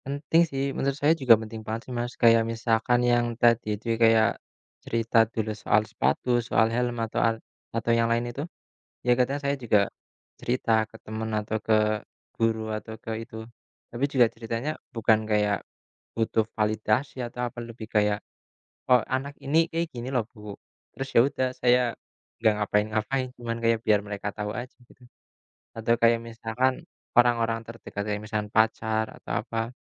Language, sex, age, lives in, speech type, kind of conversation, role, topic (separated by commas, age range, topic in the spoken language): Indonesian, male, 25-29, Indonesia, conversation, unstructured, none, Bagaimana kamu mengatasi rasa marah saat identitasmu diserang?